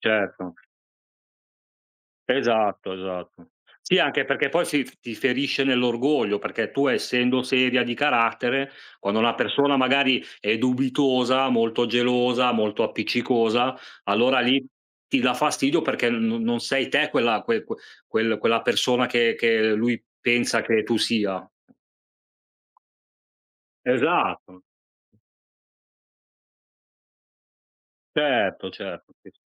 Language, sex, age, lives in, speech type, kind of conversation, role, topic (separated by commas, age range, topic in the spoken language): Italian, male, 40-44, Italy, conversation, unstructured, none, Cosa fai quando qualcuno non rispetta i tuoi limiti?